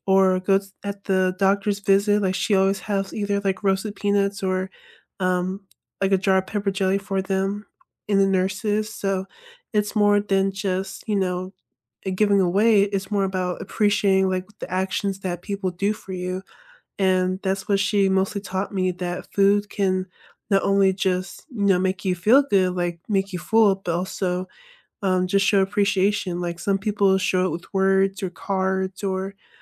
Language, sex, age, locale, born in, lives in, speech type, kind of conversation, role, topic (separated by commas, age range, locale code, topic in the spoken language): English, female, 25-29, en-US, United States, United States, conversation, unstructured, none, What is your favorite comfort food, and what is the story behind it?